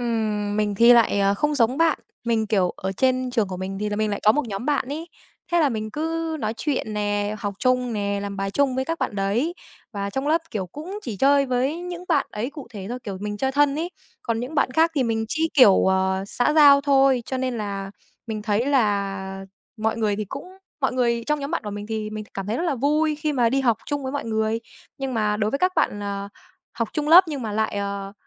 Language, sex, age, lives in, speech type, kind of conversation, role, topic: Vietnamese, female, 18-19, Vietnam, conversation, unstructured, none, Bạn thấy môn học nào thú vị nhất ở trường?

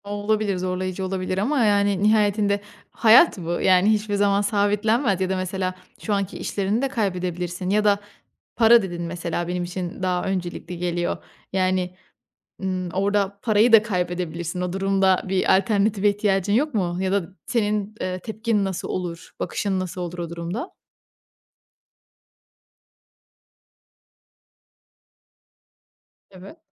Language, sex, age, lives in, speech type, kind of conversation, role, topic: Turkish, female, 25-29, Italy, conversation, podcast, host, Tutku mu para mı? Senin için hangisi daha önemli?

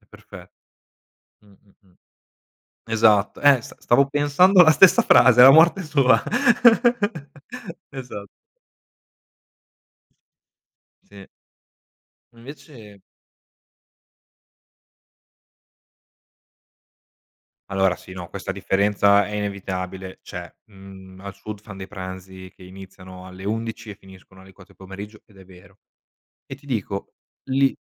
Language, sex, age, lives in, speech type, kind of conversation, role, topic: Italian, male, 25-29, Italy, conversation, unstructured, none, Qual è il ruolo del cibo nei tuoi momenti di festa?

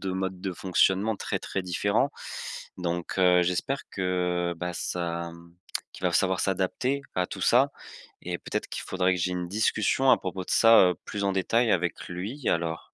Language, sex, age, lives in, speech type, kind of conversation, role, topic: French, male, 20-24, France, conversation, advice, user, Comment puis-je accepter l’incertitude et avancer quand tout change autour de moi ?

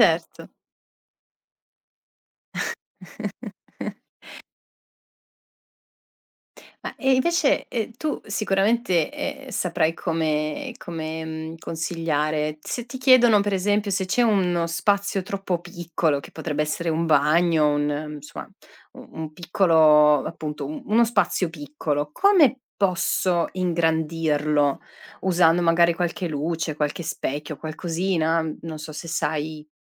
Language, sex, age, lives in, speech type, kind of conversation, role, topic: Italian, female, 35-39, Italy, conversation, podcast, host, Come usi la luce naturale negli spazi di casa tua?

